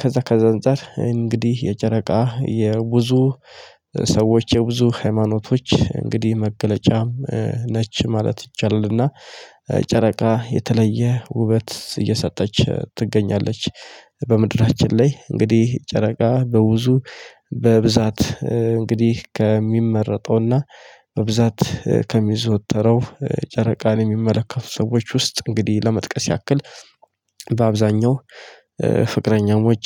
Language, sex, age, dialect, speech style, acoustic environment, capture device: Amharic, male, 25-34, Ethiopia - Addis Ababa, happy, nature, mobile / Linux / Chrome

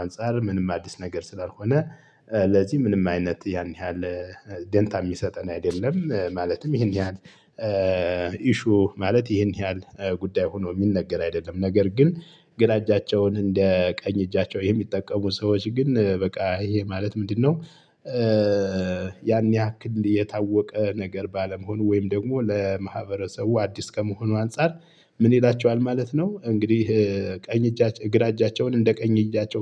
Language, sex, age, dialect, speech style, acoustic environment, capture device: Amharic, male, 35-44, Ethiopia - Gojjam, happy, nature, mobile / Linux / Chrome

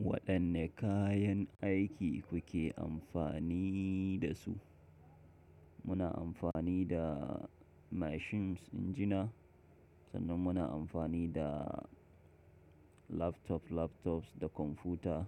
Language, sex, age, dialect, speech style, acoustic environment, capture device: Hausa, male, 25-34, Nigeria - Nigerian Standard, happy; focused, silence, mobile / Linux / Chrome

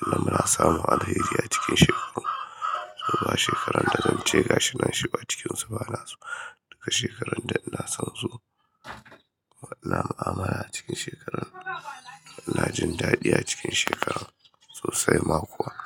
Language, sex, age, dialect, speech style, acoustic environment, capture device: Hausa, male, 25-34, Nigeria - Kano, happy; excited, people talking; construction, mobile / Linux / Chrome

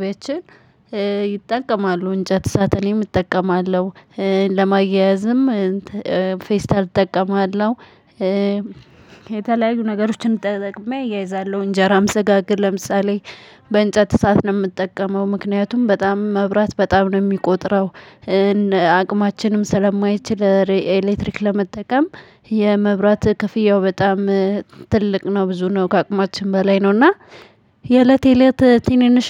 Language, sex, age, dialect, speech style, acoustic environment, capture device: Amharic, male, 35-44, Ethiopia - Addis Ababa, happy, silence, mobile / Linux / Chrome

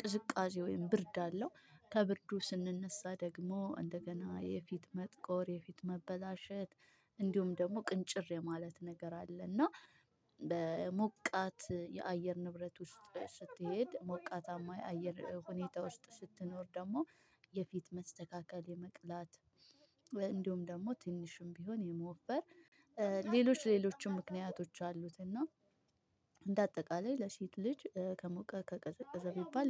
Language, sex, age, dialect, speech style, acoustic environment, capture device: Amharic, female, 25-34, Ethiopia - Addis Ababa, happy, people talking, mobile / Linux / Chrome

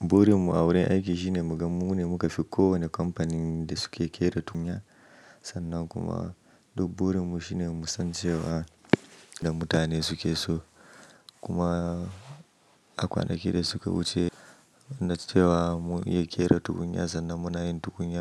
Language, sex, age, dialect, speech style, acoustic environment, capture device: Hausa, male, 25-34, Nigeria - Nigerian Standard, happy; anxious, nature; silence, mobile / macOS / Safari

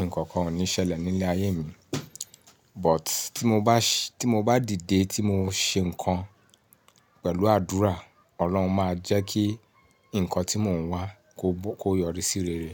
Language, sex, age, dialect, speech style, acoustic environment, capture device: Yoruba, male, 35-44, Nigeria - Ibadan, relaxed, nature, mobile / Linux / Chrome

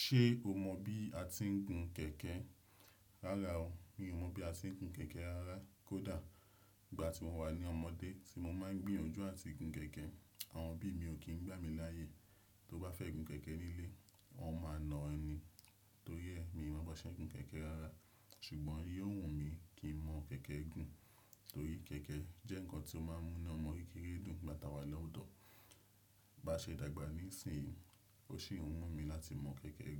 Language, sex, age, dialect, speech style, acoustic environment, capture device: Yoruba, male, 25-34, Nigeria - Nigerian Standard, relaxed, silence, desktop / Windows / Chrome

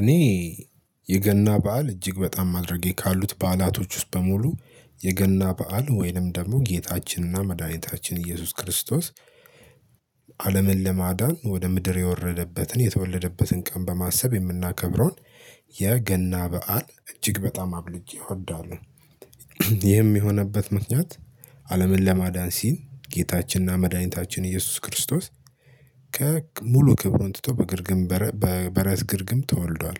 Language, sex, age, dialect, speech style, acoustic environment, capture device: Amharic, male, 18-24, Ethiopia - Addis Ababa, happy, people talking, mobile / Linux / Chrome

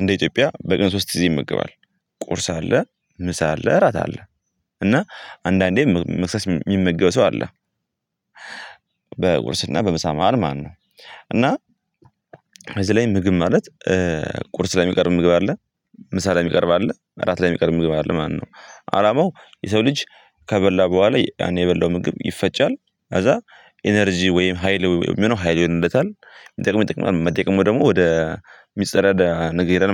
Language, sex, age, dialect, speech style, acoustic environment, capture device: Amharic, male, 18-24, Ethiopia - Gondar, happy; nervous; anxious, people talking; nature; silence, mobile / Linux / Chrome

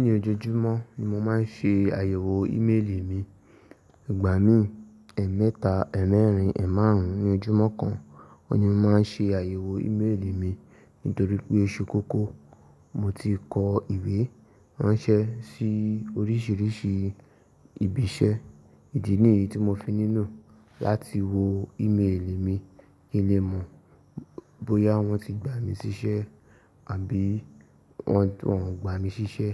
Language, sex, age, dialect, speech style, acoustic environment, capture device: Yoruba, male, 18-24, Nigeria - Ibadan, relaxed, nature; silence, mobile / Linux / Chrome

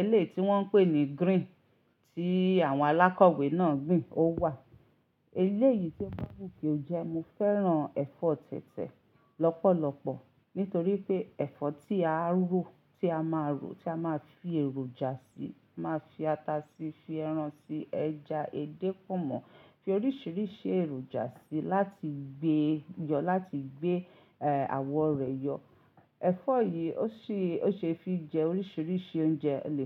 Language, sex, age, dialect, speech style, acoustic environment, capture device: Yoruba, female, 35-44, Nigeria - Ibadan, relaxed; excited, silence, mobile / Linux / Chrome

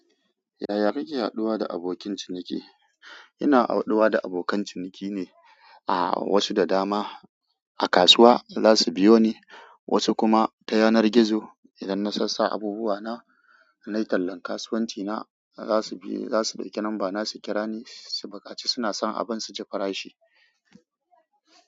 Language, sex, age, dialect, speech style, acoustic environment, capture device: Hausa, female, 25-34, Nigeria - Kano, excited; focused, silence, mobile / Android / Chrome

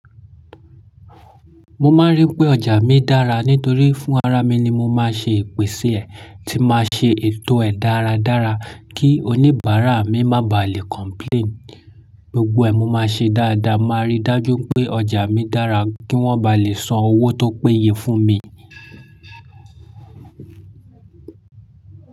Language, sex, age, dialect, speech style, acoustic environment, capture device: Yoruba, male, 25-34, Nigeria - Lagos Yoruba, excited, silence, mobile / Linux / Chrome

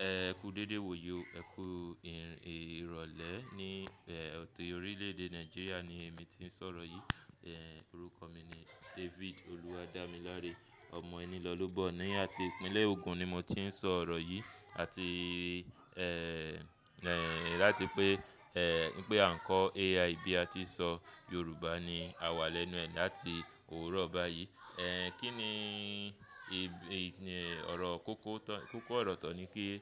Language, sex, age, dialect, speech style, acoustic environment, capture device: Yoruba, male, 25-34, Nigeria - Lagos Yoruba, focused, people talking; traffic, mobile / Linux / Chrome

